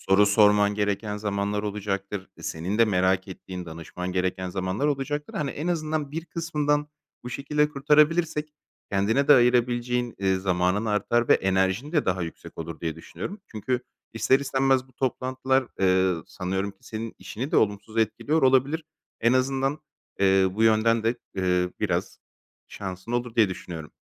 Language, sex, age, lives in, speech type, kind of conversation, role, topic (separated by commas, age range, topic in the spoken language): Turkish, male, 30-34, Greece, conversation, advice, advisor, Toplantılar günümü ve enerjimi tüketip zamanımı çaldığında bunu nasıl yönetebilirim?